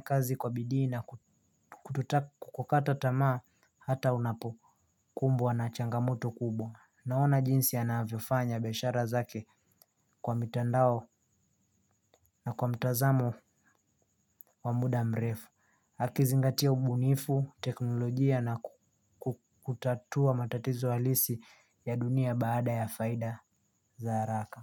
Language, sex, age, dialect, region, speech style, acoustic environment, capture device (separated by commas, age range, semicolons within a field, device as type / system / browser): Swahili, male, 18-24, Kenya - Mombasa, Kenya, happy, nature, mobile / Linux / Chrome